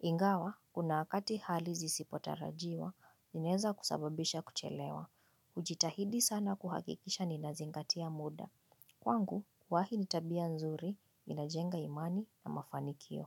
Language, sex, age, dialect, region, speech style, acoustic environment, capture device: Swahili, female, 25-34, Kenya - Nairobi Sheng-influenced, Kenya, focused, silence, mobile / Linux / Chrome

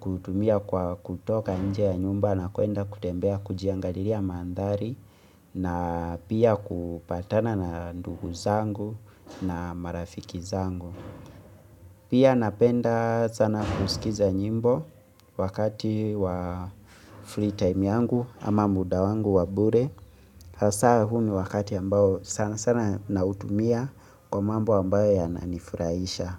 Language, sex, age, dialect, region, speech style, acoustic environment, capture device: Swahili, male, 25-34, Kenya - Nairobi Sheng-influenced, Kenya, relaxed; focused; excited; happy, silence, mobile / Linux / Chrome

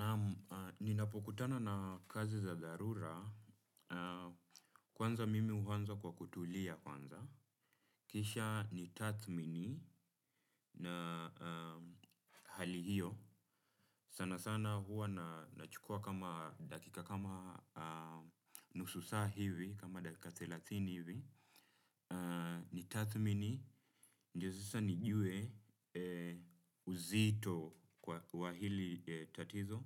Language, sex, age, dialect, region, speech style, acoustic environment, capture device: Swahili, male, 25-34, Kenya - Nairobi Sheng-influenced, Kenya, relaxed, nature; silence, desktop / Windows / Chrome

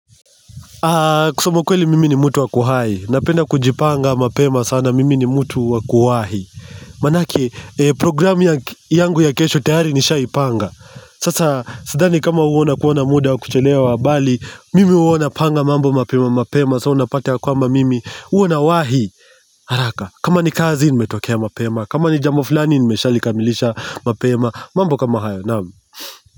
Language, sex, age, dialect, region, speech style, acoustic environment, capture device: Swahili, male, 25-34, Kenya - Mombasa, Kenya, happy; relaxed; excited, nature, mobile / Linux / Chrome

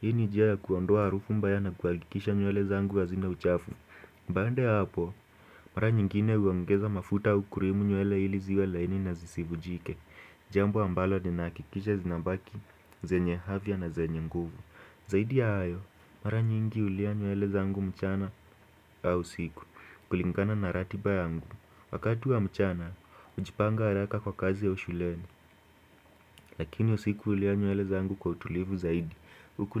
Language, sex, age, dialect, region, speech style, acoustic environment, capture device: Swahili, male, 18-24, Kenya - Nairobi Sheng-influenced, Kenya, focused, silence, desktop / Windows / Chrome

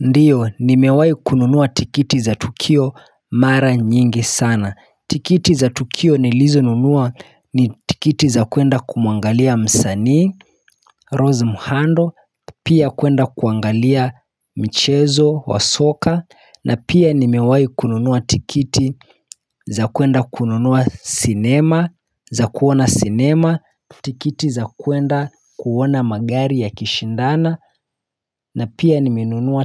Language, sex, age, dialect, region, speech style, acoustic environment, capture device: Swahili, male, 25-34, Kenya - Mombasa, Kenya, happy; relaxed; focused, nature; silence, mobile / Linux / Chrome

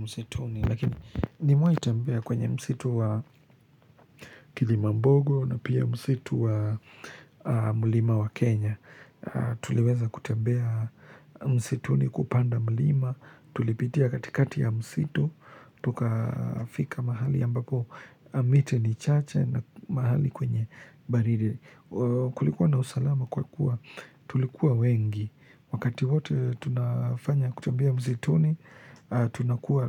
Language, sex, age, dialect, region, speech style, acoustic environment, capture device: Swahili, male, 25-34, Kenya - Nairobi Sheng-influenced, Kenya, relaxed, silence, mobile / Linux / Chrome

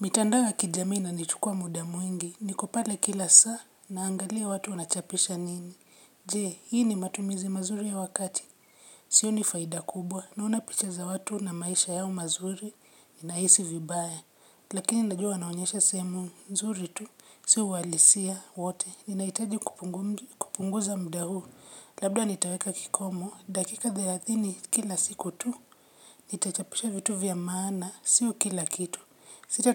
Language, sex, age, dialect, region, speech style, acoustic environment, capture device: Swahili, female, 25-34, Kenya - Nairobi Sheng-influenced, Kenya, relaxed, nature, mobile / Linux / Chrome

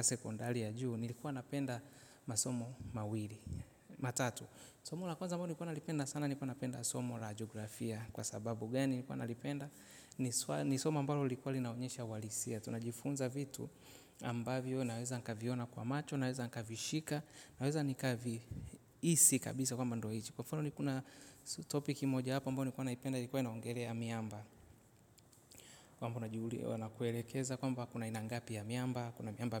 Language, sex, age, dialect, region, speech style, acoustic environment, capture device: Swahili, male, 25-34, Tanzania - Dar es Salaam, Tanzania, relaxed, silence, mobile / Linux / Chrome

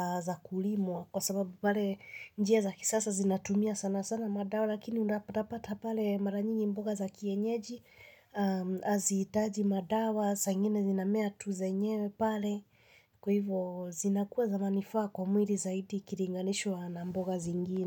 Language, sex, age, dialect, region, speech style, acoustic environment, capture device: Swahili, female, 25-34, Kenya - Nairobi Sheng-influenced, Kenya, tired, nature, mobile / Linux / Chrome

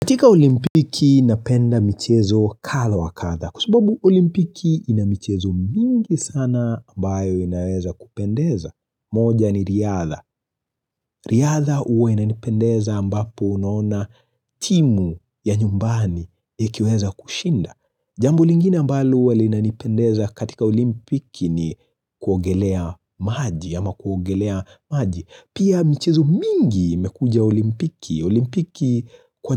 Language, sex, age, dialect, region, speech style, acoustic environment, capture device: Swahili, male, 25-34, Kenya - Nairobi Sheng-influenced, Kenya, anxious, silence, mobile / Linux / Chrome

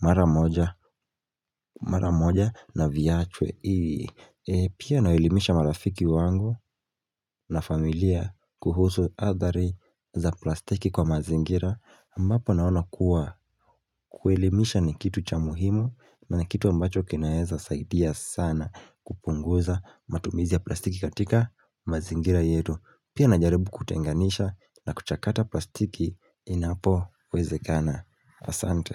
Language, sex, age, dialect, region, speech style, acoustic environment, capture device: Swahili, male, 18-24, Kenya - Mombasa, Kenya, focused, silence, mobile / Linux / Chrome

